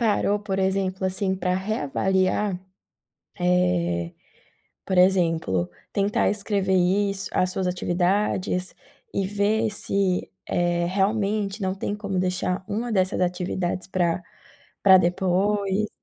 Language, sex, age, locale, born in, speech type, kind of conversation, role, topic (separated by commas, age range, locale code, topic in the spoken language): Portuguese, female, 25-29, pt-BR, Brazil, conversation, advice, advisor, Quais práticas breves posso fazer para encerrar o dia com calma?